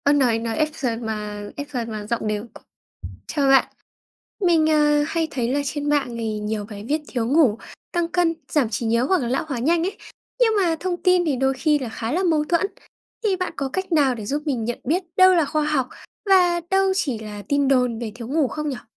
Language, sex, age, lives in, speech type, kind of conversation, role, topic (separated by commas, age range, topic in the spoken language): Vietnamese, female, 20-24, Vietnam, read, expert-assistant, asker, Làm sao phân biệt thông tin đúng và sai về cách cơ thể phản ứng với thiếu ngủ?